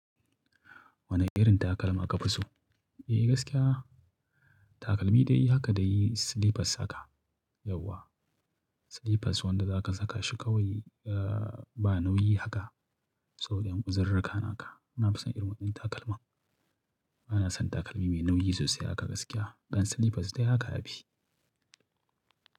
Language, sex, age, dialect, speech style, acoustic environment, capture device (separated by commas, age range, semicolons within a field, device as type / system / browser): Hausa, male, 35-44, Nigeria - Kano, excited, nature, mobile / Linux / Chrome